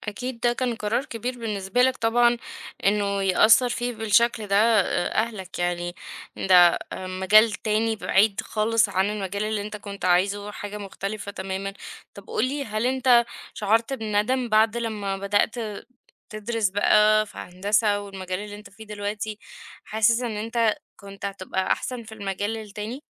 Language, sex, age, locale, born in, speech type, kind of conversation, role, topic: Arabic, female, 30-34, ar-EG, Egypt, conversation, advice, advisor, ليه بحس إني محتاج موافقة الناس طول الوقت وبخاف يفوتني اللي بيحصل؟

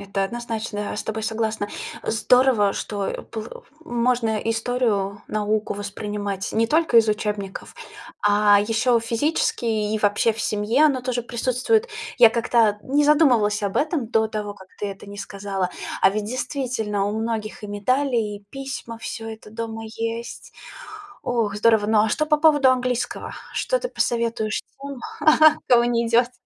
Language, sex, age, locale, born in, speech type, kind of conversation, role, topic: Russian, female, 30-34, ru-RU, Russia, conversation, podcast, host, Какой школьный предмет действительно тебя зажёг?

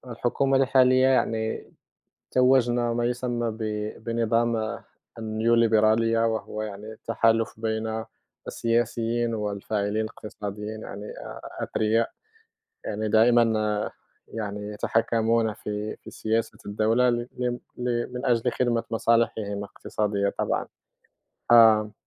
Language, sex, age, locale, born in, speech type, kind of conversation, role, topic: Arabic, male, 30-34, ar-MA, Morocco, conversation, unstructured, none, إيه التغييرات اللي نفسك تشوفها في نظام الانتخابات؟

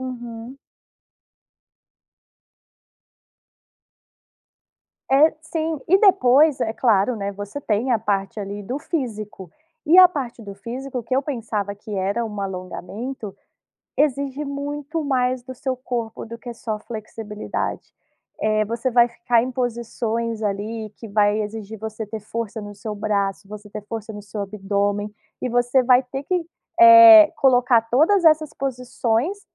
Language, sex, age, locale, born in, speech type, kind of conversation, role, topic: Portuguese, female, 30-34, pt-BR, Brazil, conversation, podcast, guest, Que passatempo você recomenda para quem quer relaxar de verdade?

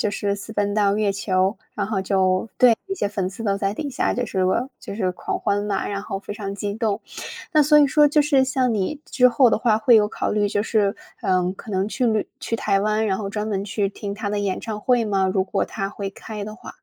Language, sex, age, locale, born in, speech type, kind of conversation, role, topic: Chinese, female, 25-29, zh-CN, China, conversation, podcast, host, 你最喜欢的歌手是谁？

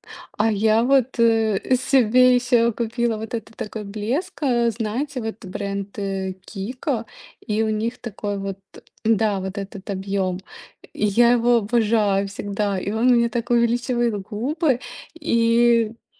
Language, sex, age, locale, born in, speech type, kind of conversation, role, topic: Russian, female, 35-39, ru-RU, Ukraine, conversation, unstructured, none, Как ты обычно начинаешь свой рабочий день?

